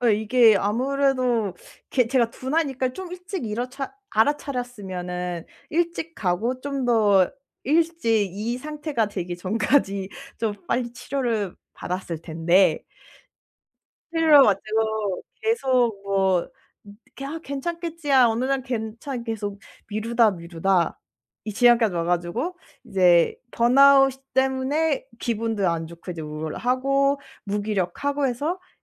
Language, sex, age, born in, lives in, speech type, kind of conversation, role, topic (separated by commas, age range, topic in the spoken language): Korean, female, 25-29, South Korea, Germany, conversation, podcast, guest, 번아웃이 올 때 보통 어떤 신호가 먼저 나타나나요?